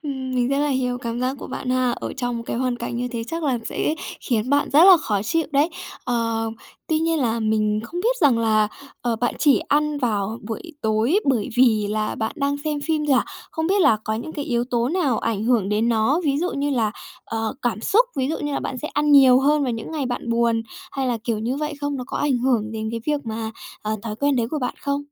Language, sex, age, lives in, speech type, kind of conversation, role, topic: Vietnamese, female, 30-34, Japan, conversation, advice, advisor, Thói quen ăn vặt buổi tối nào khiến bạn hối hận vào sáng hôm sau?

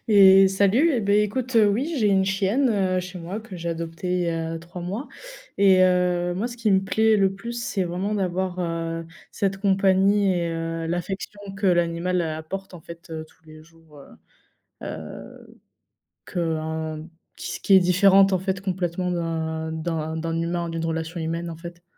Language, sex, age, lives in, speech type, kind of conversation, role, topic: French, female, 20-24, France, conversation, unstructured, none, Quels sont les avantages d’avoir un chien à la maison ?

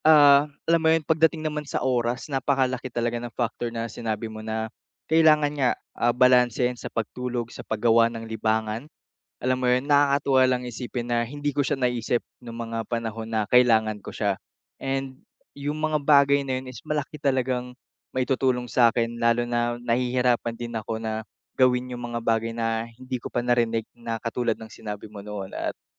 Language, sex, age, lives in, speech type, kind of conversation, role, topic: Filipino, male, 45-49, Philippines, conversation, advice, user, Bakit nahihirapan akong mag-enjoy sa libangan kapag pagod ako?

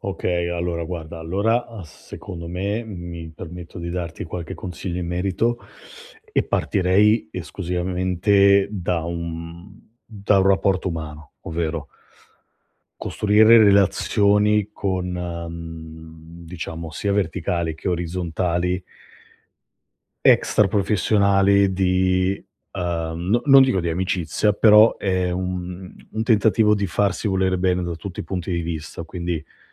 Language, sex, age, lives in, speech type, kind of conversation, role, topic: Italian, male, 35-39, Italy, conversation, advice, advisor, Come posso farmi notare in azienda senza sembrare troppo aggressivo?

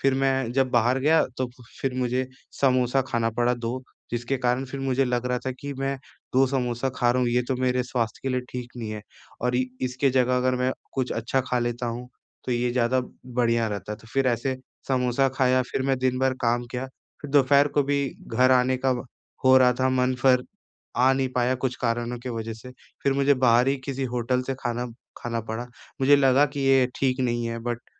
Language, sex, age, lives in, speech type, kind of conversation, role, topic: Hindi, male, 20-24, India, conversation, advice, user, मैं प्रसंस्कृत भोजन की मात्रा कैसे घटा सकता/सकती हूँ?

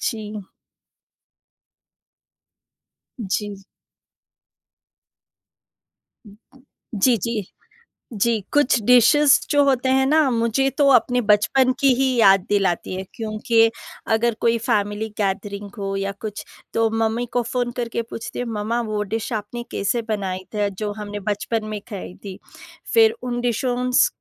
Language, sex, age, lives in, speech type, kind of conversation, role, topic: Hindi, female, 30-34, India, conversation, unstructured, none, खाना बनाते समय आपको सबसे ज़्यादा मज़ा कब आता है?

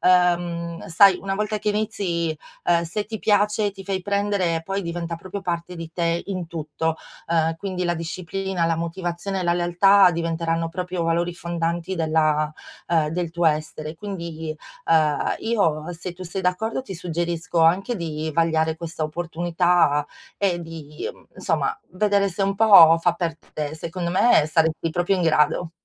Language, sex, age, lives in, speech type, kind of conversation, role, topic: Italian, female, 40-44, Italy, conversation, advice, advisor, Come posso cambiare il mio stile di vita per migliorare la mia salute?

